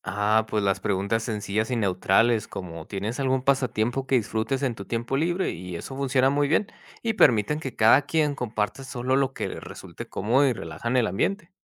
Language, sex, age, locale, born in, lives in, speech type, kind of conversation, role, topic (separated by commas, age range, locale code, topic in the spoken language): Spanish, male, 30-34, es-MX, United States, Mexico, read, expert-assistant, expert, ¿Qué estrategias me recomiendas para adaptar mi manera de hablar y conectar mejor con personas de distintos orígenes culturales?